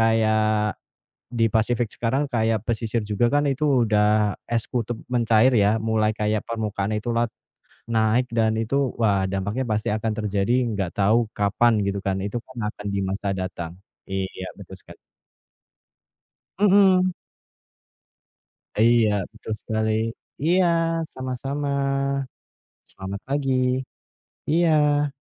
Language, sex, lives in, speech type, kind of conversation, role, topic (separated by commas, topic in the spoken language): Indonesian, female, Indonesia, conversation, unstructured, none, Apa risiko terbesar jika kita mengabaikan kerusakan alam yang terjadi saat ini?